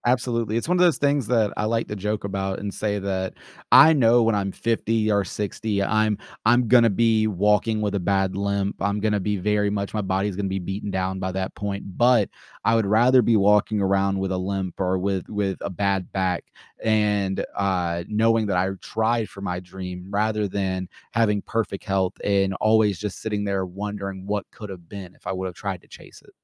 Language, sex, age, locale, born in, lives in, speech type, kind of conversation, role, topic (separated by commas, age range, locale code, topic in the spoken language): English, male, 25-29, en-US, United States, United States, conversation, podcast, guest, How do your daily routines and work habits shape your typical day on the job?